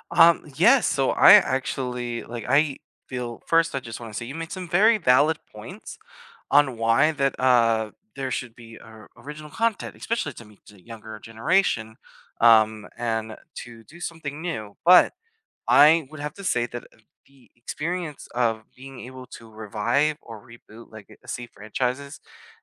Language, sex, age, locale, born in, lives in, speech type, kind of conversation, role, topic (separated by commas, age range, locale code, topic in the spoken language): English, male, 25-29, en-US, United States, United States, conversation, unstructured, none, Should streaming platforms prioritize investing in original content over reviving or rebooting legacy franchises?